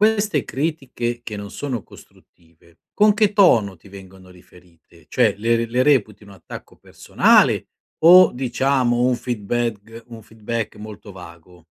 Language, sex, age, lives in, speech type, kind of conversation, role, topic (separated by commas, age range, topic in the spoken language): Italian, male, 50-54, Italy, conversation, advice, advisor, Come posso non farmi abbattere da critiche non costruttive e provocatorie?